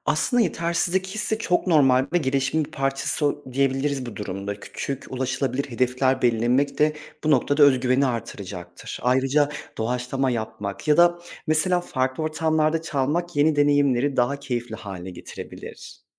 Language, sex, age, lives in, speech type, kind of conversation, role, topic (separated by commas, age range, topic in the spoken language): Turkish, male, 30-34, Poland, read, expert-assistant, expert, Hobilerde motivasyonu sürdürmek için ne yapabilirim?